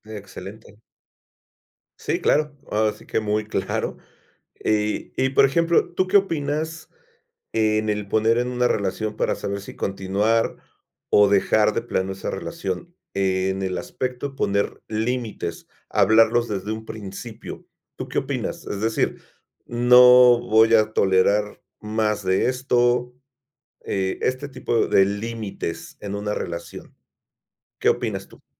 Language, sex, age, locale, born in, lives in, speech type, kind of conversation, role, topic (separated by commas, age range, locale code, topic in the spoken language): Spanish, male, 50-54, es-MX, Mexico, Mexico, conversation, podcast, host, ¿Cómo decides si es mejor seguir en una relación o terminarla?